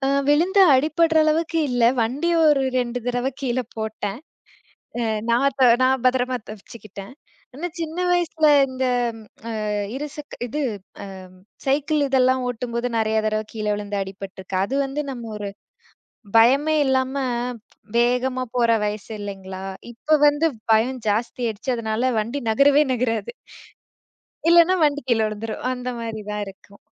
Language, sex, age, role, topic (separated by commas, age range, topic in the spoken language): Tamil, female, 25-29, guest, நீங்கள் பயமாக நினைத்த ஒன்றை எப்படி எதிர்கொண்டு அதைத் தாண்டி வந்தீர்கள்?